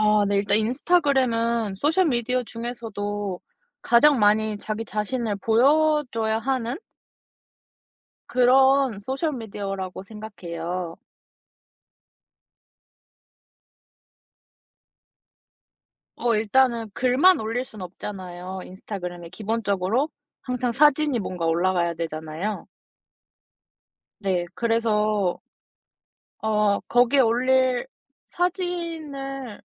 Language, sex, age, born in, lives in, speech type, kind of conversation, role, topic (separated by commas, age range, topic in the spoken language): Korean, female, 20-24, South Korea, South Korea, conversation, podcast, guest, 소셜 미디어가 인간관계에 어떤 영향을 미쳤다고 생각하시나요?